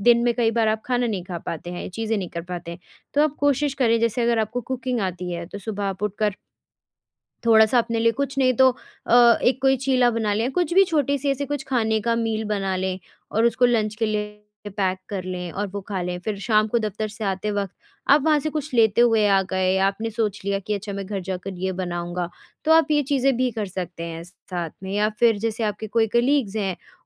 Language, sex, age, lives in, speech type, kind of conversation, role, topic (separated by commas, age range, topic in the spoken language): Hindi, female, 20-24, India, conversation, advice, advisor, नई जगह पर रोज़मर्रा की दिनचर्या बनाकर तनाव को कैसे संभालें और जीवन में स्थिरता कैसे लाएँ?